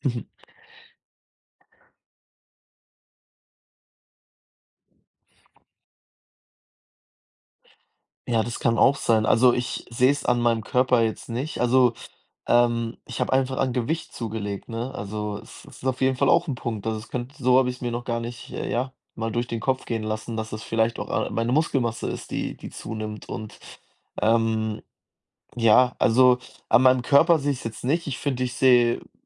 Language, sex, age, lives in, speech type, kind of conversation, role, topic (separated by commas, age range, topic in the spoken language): German, male, 20-24, Germany, conversation, advice, user, Wie kann ich meine Portionsgrößen besser einschätzen und woran erkenne ich, wie viel für mich wirklich genug ist?